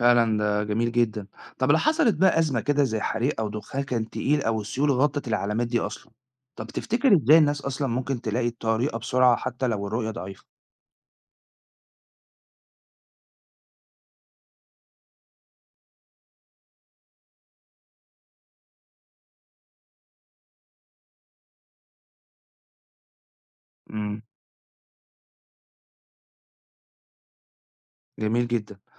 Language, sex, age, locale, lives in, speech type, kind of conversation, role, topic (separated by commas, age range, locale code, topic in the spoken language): Arabic, male, 40-44, ar-EG, Italy, read, expert-assistant, asker, إزاي ندمج بين التكنولوجيا والطرق القديمة فى التحذير والطوارئ؟